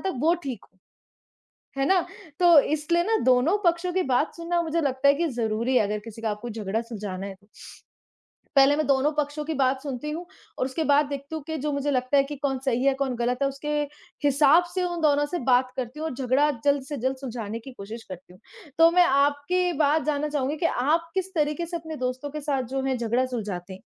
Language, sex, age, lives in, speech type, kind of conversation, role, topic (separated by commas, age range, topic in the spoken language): Hindi, female, 25-29, India, conversation, unstructured, none, दोस्तों के बीच मतभेद होने पर उन्हें सुलझाने का सबसे अच्छा तरीका क्या है?